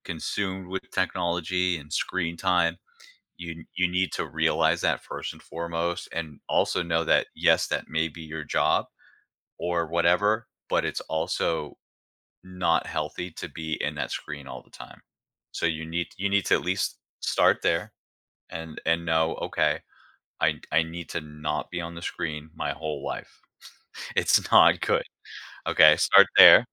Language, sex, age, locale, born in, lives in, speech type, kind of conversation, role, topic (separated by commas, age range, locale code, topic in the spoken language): English, male, 40-44, en-US, United States, United States, conversation, podcast, guest, What are some effective ways to create a healthy balance between technology use and offline activities?